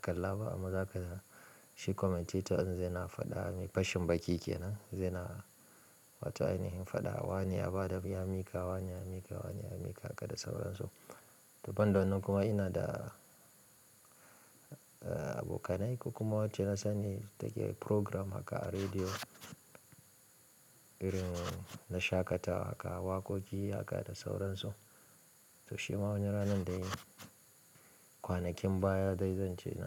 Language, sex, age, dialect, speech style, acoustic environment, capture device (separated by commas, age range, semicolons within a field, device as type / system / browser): Hausa, male, 25-34, Nigeria - Kano, relaxed; focused, silence; nature, mobile / Linux / Chrome